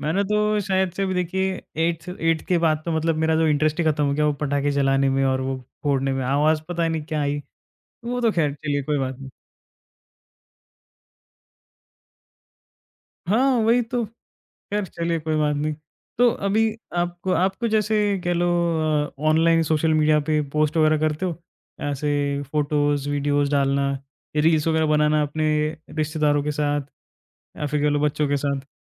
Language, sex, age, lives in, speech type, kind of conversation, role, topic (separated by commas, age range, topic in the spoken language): Hindi, male, 20-24, India, conversation, podcast, host, Tumhare ghar mein alag-alag generations tyohar kaise manate hain?